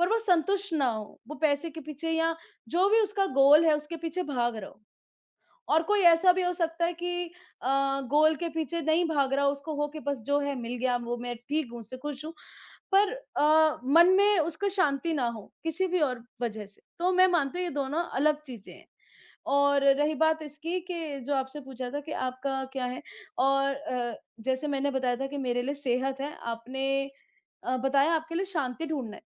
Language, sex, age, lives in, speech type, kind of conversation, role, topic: Hindi, female, 25-29, India, conversation, unstructured, none, आपके लिए सफलता का मतलब क्या है?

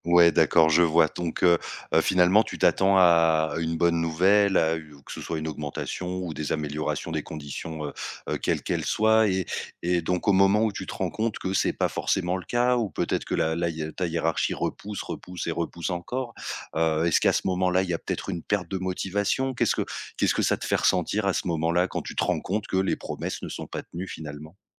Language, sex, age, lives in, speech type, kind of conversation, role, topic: French, male, 30-34, France, conversation, podcast, host, Comment sais-tu qu’il est temps de changer de carrière ?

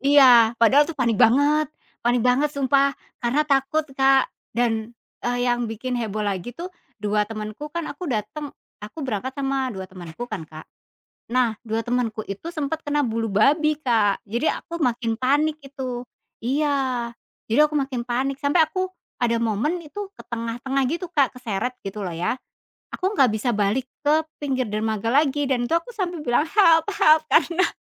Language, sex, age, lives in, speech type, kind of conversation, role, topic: Indonesian, female, 40-44, Indonesia, conversation, podcast, guest, Pernahkah kamu punya foto yang awalnya terlihat biasa saja, tetapi ternyata menyimpan cerita besar?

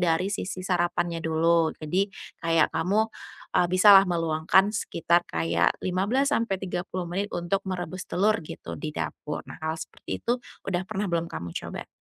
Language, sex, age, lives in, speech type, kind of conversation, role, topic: Indonesian, female, 30-34, Indonesia, conversation, advice, advisor, Bagaimana saya bisa menyesuaikan kebiasaan makan dan rutinitas harian di lingkungan baru?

